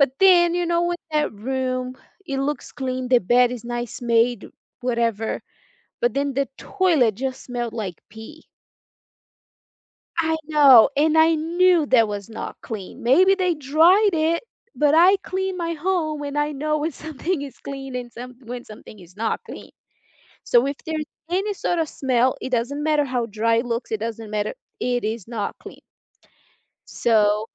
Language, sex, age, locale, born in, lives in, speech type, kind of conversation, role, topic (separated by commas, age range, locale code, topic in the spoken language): English, female, 30-34, en-BR, Brazil, United States, conversation, unstructured, none, Have you ever been grossed out by how clean a hotel was?